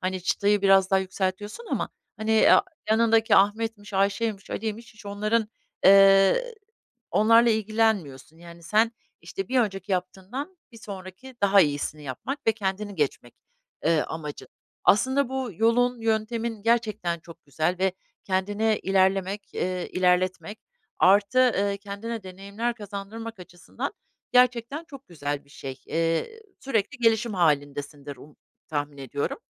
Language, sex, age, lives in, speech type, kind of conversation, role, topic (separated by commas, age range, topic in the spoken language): Turkish, female, 50-54, United States, conversation, advice, advisor, Kendi yeterliliğini sürekli sorgulayıp yetersiz hissettiğini ne zaman ve hangi durumlarda daha çok yaşıyorsun?